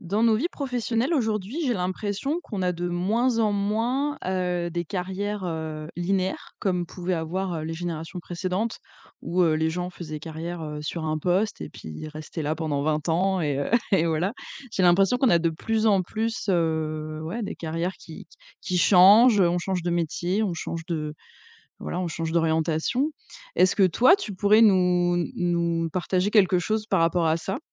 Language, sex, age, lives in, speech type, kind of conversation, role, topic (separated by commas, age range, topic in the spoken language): French, female, 30-34, France, conversation, podcast, host, Peux-tu nous raconter un tournant important dans ta carrière ?